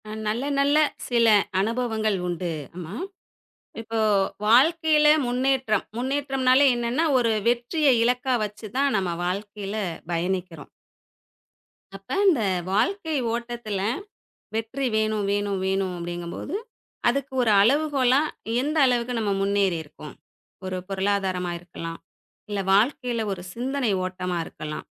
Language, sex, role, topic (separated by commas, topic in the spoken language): Tamil, female, guest, முன்னேற்றம் இல்லாவிட்டாலும் நீ எப்படி தொடர்கிறாய்?